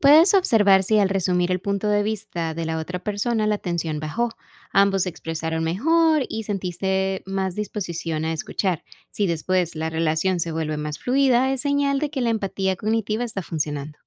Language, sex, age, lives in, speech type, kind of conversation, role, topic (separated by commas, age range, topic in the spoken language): Spanish, female, 25-29, United States, read, expert-assistant, expert, ¿De qué manera el entrenamiento de animales me ayuda a ser más paciente y resiliente en otras áreas de mi vida?